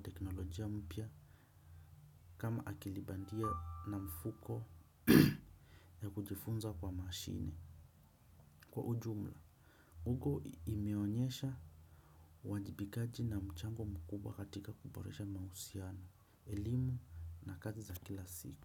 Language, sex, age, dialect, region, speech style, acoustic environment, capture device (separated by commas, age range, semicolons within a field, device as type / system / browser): Swahili, male, 25-34, Kenya - Nairobi Sheng-influenced, Kenya, relaxed; focused, silence, desktop / Windows / Chrome